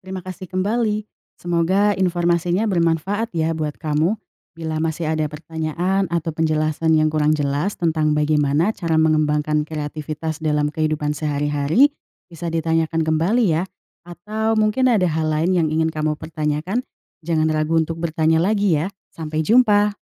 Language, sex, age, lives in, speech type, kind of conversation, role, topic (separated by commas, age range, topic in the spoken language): Indonesian, female, 20-24, Indonesia, read, expert-assistant, expert, Bagaimana cara mengembangkan kreativitas dalam kehidupan sehari-hari?